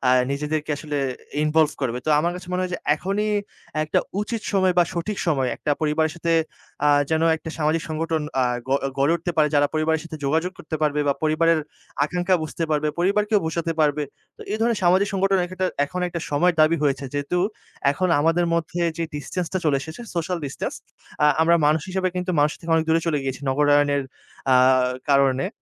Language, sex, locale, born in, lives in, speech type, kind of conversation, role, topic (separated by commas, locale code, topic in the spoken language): Bengali, male, bn-BD, Bangladesh, Bangladesh, conversation, podcast, guest, নেতিবাচক মন্তব্যের মোকাবিলা আপনি কীভাবে করেন?